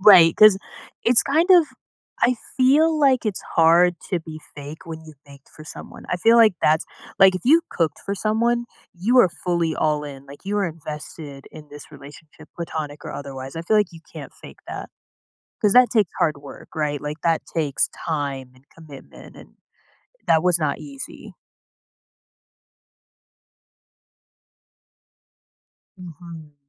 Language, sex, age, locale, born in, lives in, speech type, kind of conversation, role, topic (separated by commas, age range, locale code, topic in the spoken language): English, female, 25-29, en-US, United States, United States, conversation, podcast, guest, In what ways does empathy shape the quality of our connections with others?